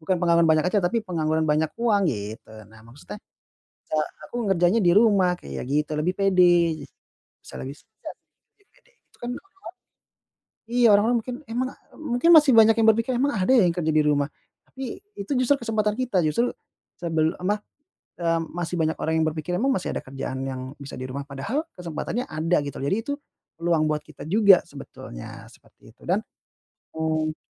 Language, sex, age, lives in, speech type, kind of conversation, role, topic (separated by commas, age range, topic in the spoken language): Indonesian, male, 30-34, Indonesia, conversation, advice, advisor, Bagaimana saya bisa berhenti membandingkan diri dengan teman sebaya?